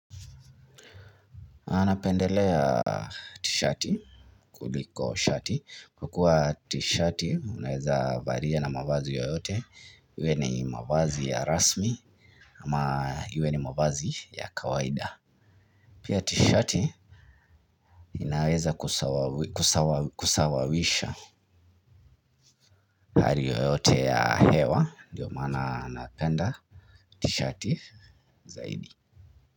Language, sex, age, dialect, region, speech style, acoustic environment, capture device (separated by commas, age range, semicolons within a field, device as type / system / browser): Swahili, male, 25-34, Kenya - Nairobi Sheng-influenced, Kenya, relaxed, silence, mobile / Linux / Chrome